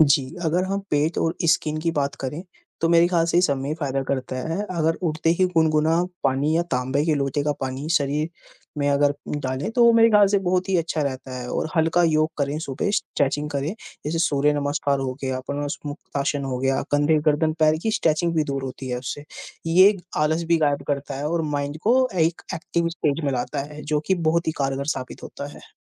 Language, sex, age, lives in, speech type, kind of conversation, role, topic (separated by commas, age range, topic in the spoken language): Hindi, female, 20-24, India, conversation, unstructured, none, आप अपने दिन की शुरुआत कैसे करते हैं?